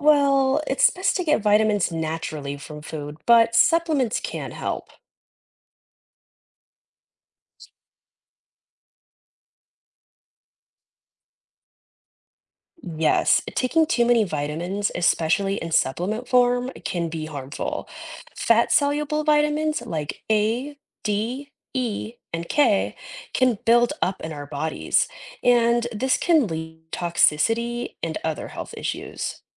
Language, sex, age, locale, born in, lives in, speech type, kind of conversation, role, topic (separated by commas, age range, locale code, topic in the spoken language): English, female, 30-34, en-US, United States, United States, read, expert-assistant, expert, Why do we need vitamins?